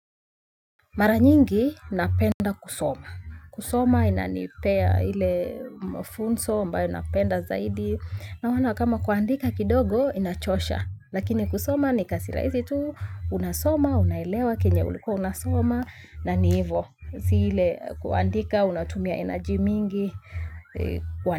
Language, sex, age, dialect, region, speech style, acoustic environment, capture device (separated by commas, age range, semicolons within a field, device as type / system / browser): Swahili, female, 25-34, Kenya - Nairobi Sheng-influenced, Kenya, relaxed, nature, mobile / Linux / Chrome